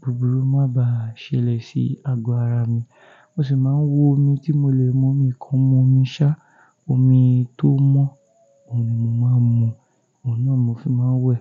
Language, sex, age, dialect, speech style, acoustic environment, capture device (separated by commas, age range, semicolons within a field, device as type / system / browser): Yoruba, male, 25-34, Nigeria - Ijebu, focused, silence, mobile / Linux / Chrome